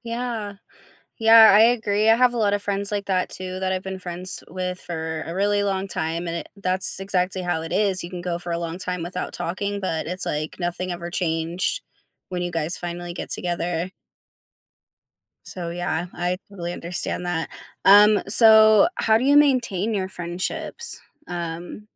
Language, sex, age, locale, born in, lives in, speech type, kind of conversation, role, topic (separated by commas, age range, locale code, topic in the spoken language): English, female, 25-29, en-US, United States, United States, conversation, podcast, host, How have your friendships shaped who you are today?